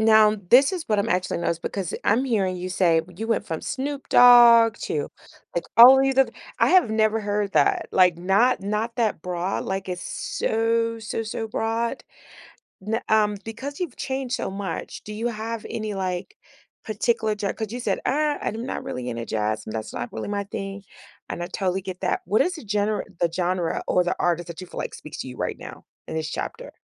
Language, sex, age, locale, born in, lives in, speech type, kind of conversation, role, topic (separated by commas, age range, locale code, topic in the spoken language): English, female, 40-44, en-US, United States, United States, conversation, podcast, host, What experiences or influences have shaped the way your music preferences have evolved throughout your life?